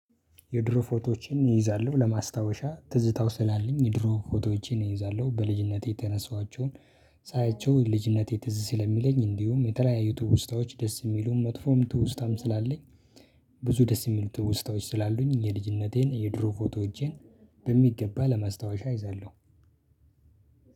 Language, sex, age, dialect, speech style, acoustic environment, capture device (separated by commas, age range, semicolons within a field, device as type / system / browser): Amharic, male, 25-34, Ethiopia - Addis Ababa, happy, silence, desktop / Linux / Chrome